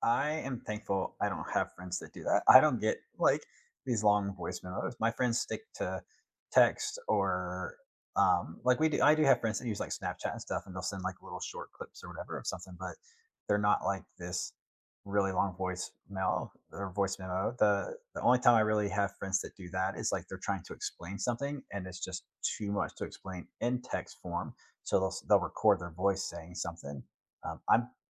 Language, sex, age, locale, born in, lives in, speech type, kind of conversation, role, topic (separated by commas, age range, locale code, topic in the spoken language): English, male, 40-44, en-US, United States, United States, conversation, unstructured, none, How have your phone habits evolved from your first device to today, and why?